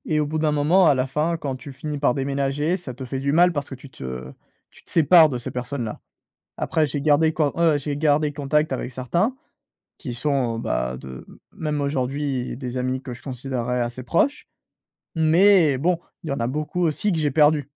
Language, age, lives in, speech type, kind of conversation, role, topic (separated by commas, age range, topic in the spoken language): French, 20-24, France, conversation, podcast, guest, Quelles sont tes astuces pour te faire de vrais amis ?